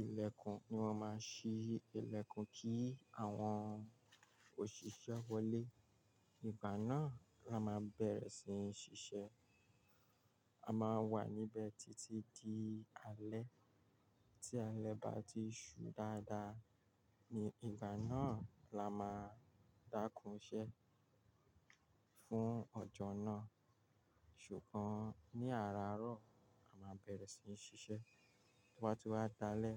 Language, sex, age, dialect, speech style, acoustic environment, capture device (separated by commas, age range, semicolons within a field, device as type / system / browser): Yoruba, male, 35-44, Nigeria - Lagos Yoruba, focused, nature, mobile / Linux / Chrome